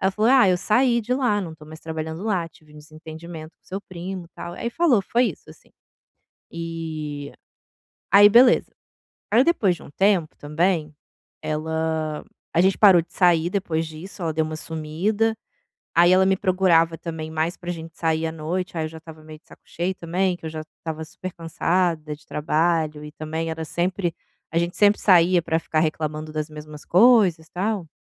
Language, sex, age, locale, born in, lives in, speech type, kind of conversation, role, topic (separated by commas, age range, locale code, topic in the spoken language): Portuguese, female, 35-39, pt-BR, Brazil, Italy, conversation, advice, user, Como posso defender meus limites pessoais quando estou em grupo?